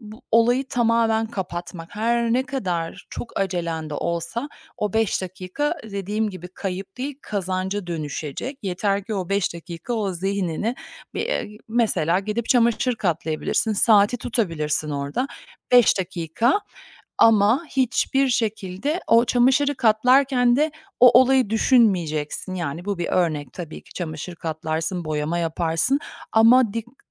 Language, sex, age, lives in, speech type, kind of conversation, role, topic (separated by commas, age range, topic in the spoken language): Turkish, female, 45-49, United States, conversation, podcast, guest, Yaratıcı tıkanıklık yaşadığında bunu nasıl aşıyorsun?